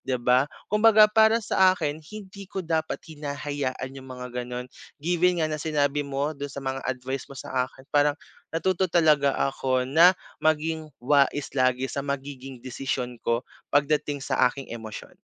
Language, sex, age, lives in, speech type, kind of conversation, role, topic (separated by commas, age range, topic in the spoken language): Filipino, male, 25-29, Philippines, conversation, advice, user, Paano ko makokontrol ang emosyon ko kapag tumatanggap ako ng negatibong puna sa trabaho?